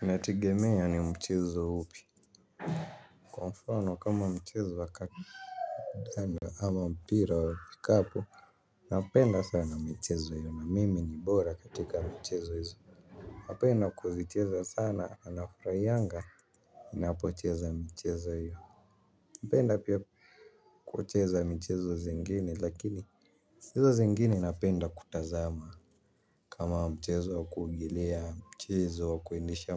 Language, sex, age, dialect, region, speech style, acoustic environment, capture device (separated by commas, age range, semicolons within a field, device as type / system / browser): Swahili, male, 18-24, Kenya - Nairobi Sheng-influenced, Kenya, excited; happy, people talking; construction, mobile / Linux / Chrome